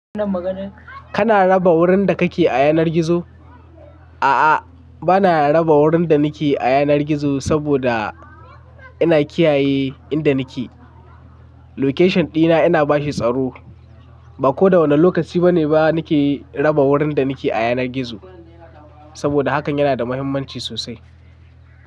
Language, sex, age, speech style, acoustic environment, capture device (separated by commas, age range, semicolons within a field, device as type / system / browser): Hausa, male, 25-34, nervous; happy; anxious; excited; tired; focused; relaxed; stressed, traffic; people talking; construction; music; appliances; nature; silence, mobile / Linux / Chrome